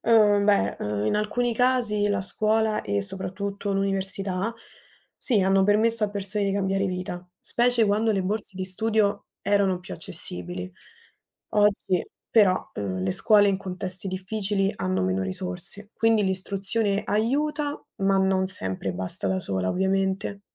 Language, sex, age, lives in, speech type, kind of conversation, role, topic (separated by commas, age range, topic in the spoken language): Italian, female, 20-24, Italy, read, expert-assistant, expert, In Italia è possibile cambiare il proprio destino sociale se si nasce svantaggiati?